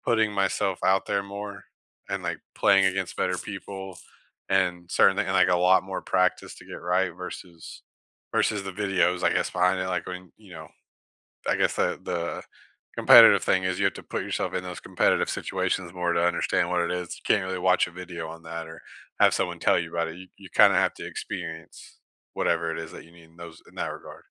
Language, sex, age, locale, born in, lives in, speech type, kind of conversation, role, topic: English, male, 30-34, en-US, United States, United States, conversation, unstructured, none, How do you actually like to learn new things?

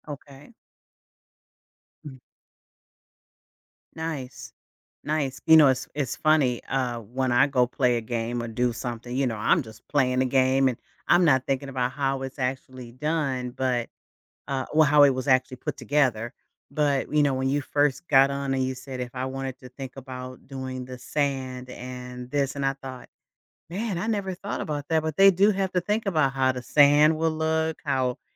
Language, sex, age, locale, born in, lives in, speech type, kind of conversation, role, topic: English, female, 55-59, en-US, United States, United States, conversation, podcast, host, What helps you stay committed to practicing even when motivation is low?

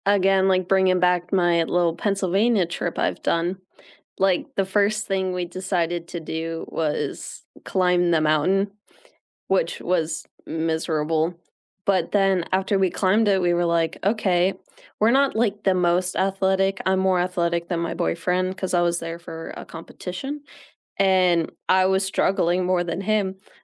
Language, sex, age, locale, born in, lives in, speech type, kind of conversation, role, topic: English, female, 18-19, en-US, United States, United States, conversation, unstructured, none, When you arrive somewhere new, what do you look for first, and why does it matter to you?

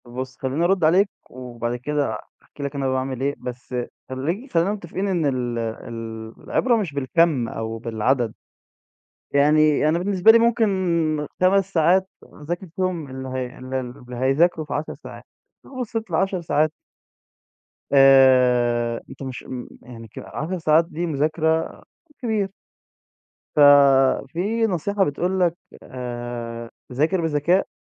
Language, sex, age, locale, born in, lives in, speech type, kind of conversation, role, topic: Arabic, male, 20-24, ar-EG, Egypt, Egypt, conversation, podcast, guest, إزاي بتوازن بين الدراسة وحياتك اليومية؟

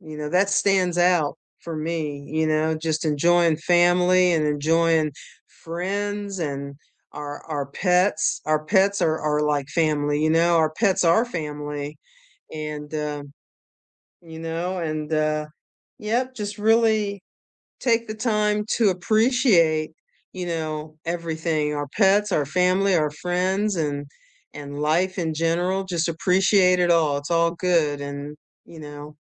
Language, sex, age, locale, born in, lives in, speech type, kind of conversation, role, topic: English, female, 65-69, en-US, United States, United States, conversation, unstructured, none, What has been the highlight of your day so far, and why did it matter to you?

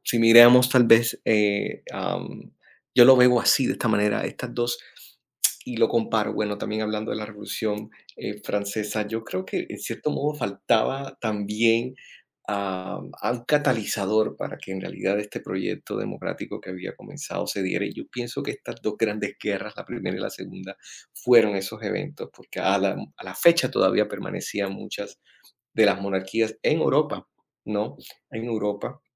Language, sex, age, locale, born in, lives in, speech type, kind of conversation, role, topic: Spanish, male, 30-34, es-CO, Colombia, United States, conversation, unstructured, none, ¿Qué evento histórico crees que cambió el mundo para siempre?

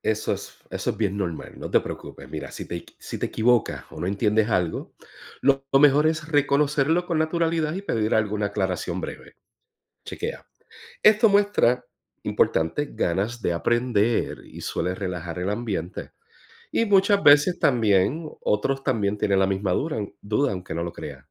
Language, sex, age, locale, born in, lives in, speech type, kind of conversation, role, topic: Spanish, male, 45-49, es-US, Puerto Rico, United States, read, expert-assistant, expert, ¿Cómo puedo ganar confianza para participar y hacer preguntas en capacitaciones sobre tecnología agrícola?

